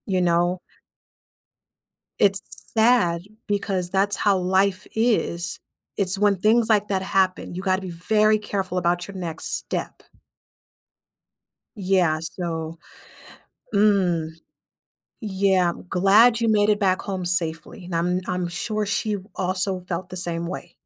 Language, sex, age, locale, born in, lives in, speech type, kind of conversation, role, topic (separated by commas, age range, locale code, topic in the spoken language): English, female, 30-34, en-US, United States, United States, conversation, advice, advisor, How can I apologize and rebuild trust after saying something hurtful to my partner?